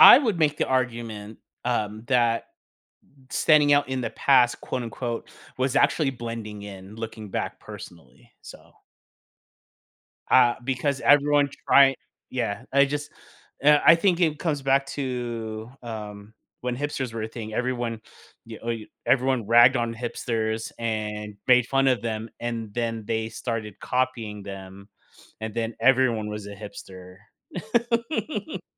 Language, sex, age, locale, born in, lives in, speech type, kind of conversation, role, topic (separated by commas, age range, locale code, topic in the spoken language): English, male, 40-44, en-US, United States, United States, conversation, podcast, host, What experiences and influences shape the way you express your personal style?